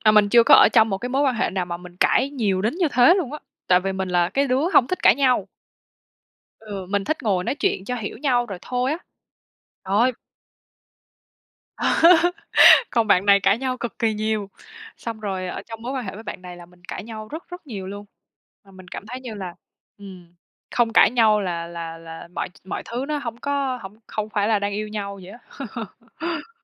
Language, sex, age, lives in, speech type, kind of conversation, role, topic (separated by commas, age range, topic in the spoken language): Vietnamese, female, 25-29, Vietnam, conversation, advice, user, Làm sao để chấm dứt những cuộc cãi vã lặp đi lặp lại và giải quyết vấn đề một cách dứt điểm?